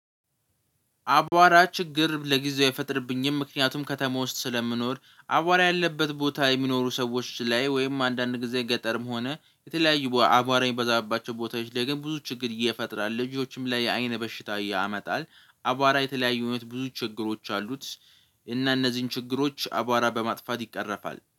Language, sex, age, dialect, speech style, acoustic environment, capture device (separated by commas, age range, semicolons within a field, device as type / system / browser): Amharic, male, 18-24, Ethiopia - Addis Ababa, relaxed, nature, mobile / Linux / Chrome